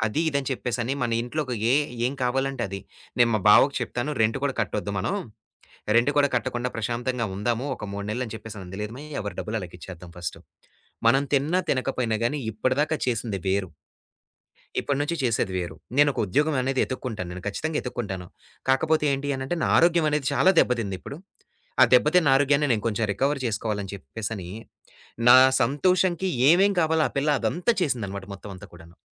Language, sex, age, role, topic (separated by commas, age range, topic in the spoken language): Telugu, male, 25-29, guest, పని లేకపోతే మీ గుర్తింపు ఎలా ఉంటుందని మీరు అనుకుంటారు?